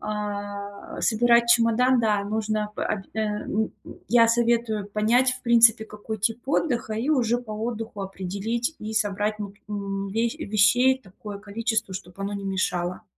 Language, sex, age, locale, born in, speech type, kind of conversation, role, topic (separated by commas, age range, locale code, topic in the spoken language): Russian, female, 30-34, ru-RU, Russia, conversation, advice, advisor, Как спланировать короткий отпуск, если у меня мало свободного времени?